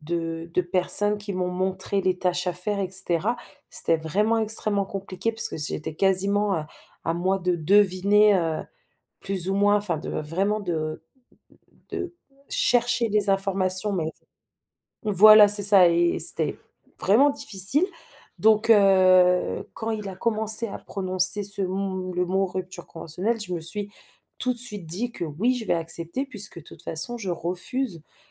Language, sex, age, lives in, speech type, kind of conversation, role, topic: French, female, 30-34, France, conversation, advice, user, Comment avez-vous vécu une perte soudaine d’emploi ?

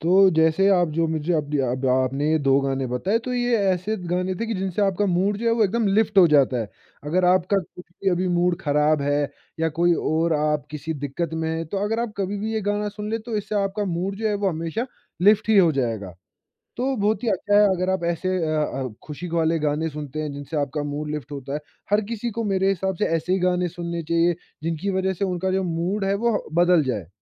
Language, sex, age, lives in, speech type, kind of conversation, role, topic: Hindi, male, 20-24, India, conversation, podcast, host, Kaunse gaane tumhara mood turant badal dete hain?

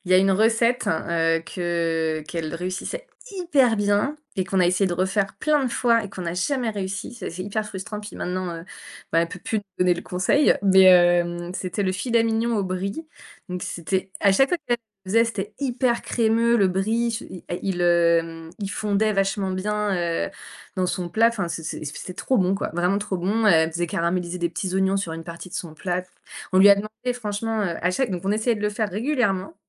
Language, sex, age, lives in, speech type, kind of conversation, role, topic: French, female, 30-34, France, conversation, podcast, guest, Y a‑t‑il des plats qui représentent ta famille ?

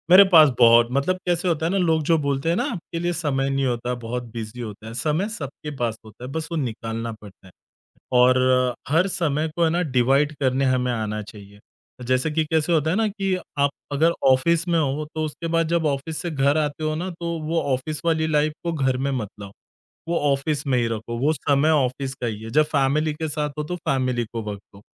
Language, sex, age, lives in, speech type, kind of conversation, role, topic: Hindi, male, 30-34, India, conversation, podcast, guest, Tumhe kaunse shauk sach mein time well spent lagte hain?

